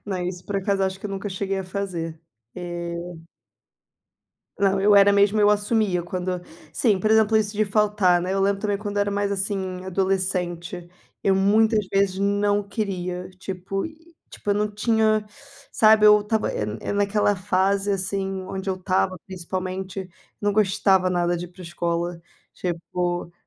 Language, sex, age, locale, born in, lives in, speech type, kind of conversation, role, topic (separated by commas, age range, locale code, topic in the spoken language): Portuguese, female, 25-29, pt-BR, Brazil, Portugal, conversation, unstructured, none, O que você faria se tivesse que escolher entre o que é fácil e o que é certo?